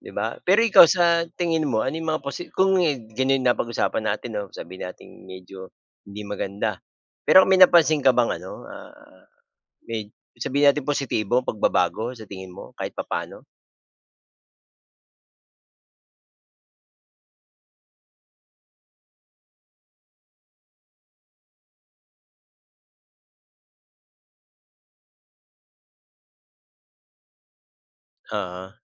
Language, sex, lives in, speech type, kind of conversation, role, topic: Filipino, male, Philippines, conversation, unstructured, none, Paano mo tinitingnan ang kasalukuyang kalagayan ng pamahalaan sa bansa?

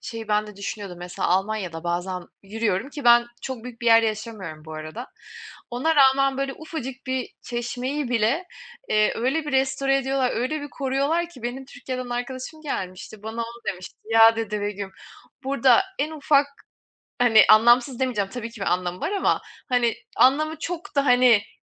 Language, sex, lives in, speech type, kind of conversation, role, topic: Turkish, female, Germany, conversation, unstructured, none, Doğa tatilleri mi yoksa şehir gezileri mi daha çok hoşunuza gider?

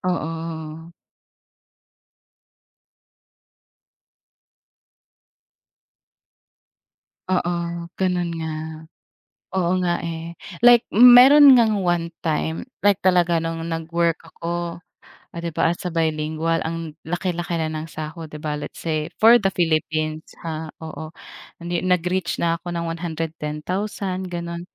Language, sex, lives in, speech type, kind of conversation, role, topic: Filipino, female, Philippines, conversation, unstructured, none, Paano mo hinaharap ang pagdududa sa sarili?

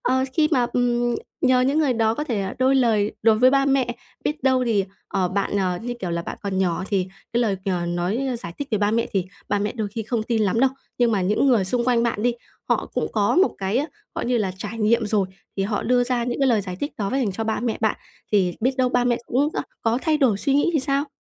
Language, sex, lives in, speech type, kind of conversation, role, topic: Vietnamese, female, Vietnam, conversation, advice, advisor, Làm thế nào để học theo đam mê của mình thay vì chạy theo kỳ vọng của người khác?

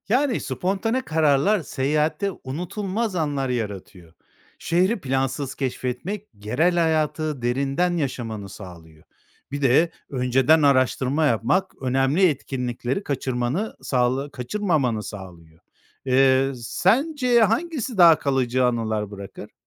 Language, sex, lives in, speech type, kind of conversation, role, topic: Turkish, male, Spain, read, expert-assistant, expert, Seyahat planı yaparken zamandan ve bütçeden tasarruf etmek için hangi yaratıcı yollar denenebilir?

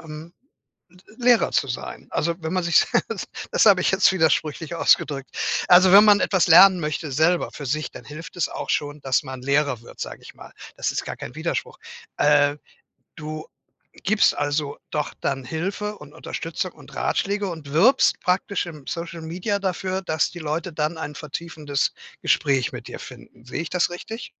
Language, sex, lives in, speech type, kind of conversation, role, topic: German, male, Germany, conversation, advice, advisor, Wie erlebst du den Konflikt zwischen deinen Karrierezielen und deinem Privatleben?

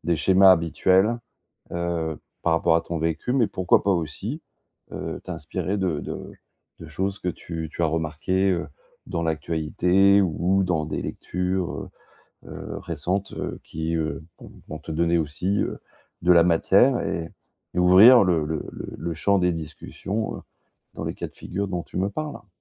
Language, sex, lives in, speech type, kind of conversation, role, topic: French, male, France, conversation, advice, advisor, Comment puis-je arrêter de me comparer aux autres dans ma carrière ?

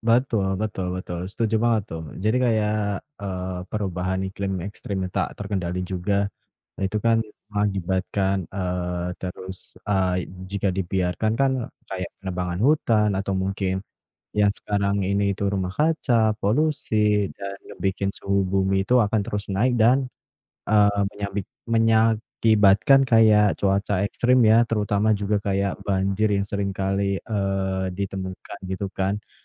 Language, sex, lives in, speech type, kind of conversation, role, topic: Indonesian, female, Indonesia, conversation, unstructured, none, Apa risiko terbesar jika kita mengabaikan kerusakan alam yang terjadi saat ini?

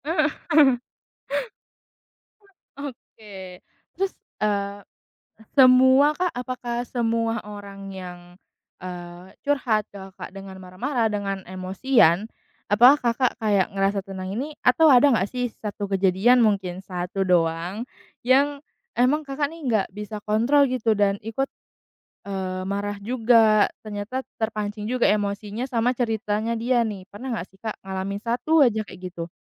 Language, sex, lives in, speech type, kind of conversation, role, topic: Indonesian, female, Indonesia, conversation, podcast, host, Bagaimana cara mendengarkan orang yang sedang marah tanpa ikut terpancing emosi?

